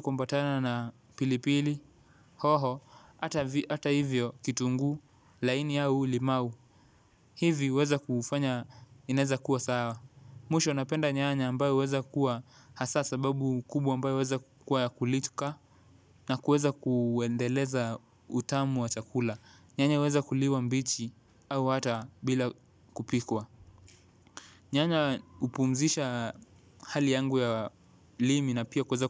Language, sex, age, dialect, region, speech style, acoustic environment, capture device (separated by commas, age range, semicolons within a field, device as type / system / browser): Swahili, male, 18-24, Kenya - Mombasa, Kenya, relaxed; focused, silence, mobile / Linux / Chrome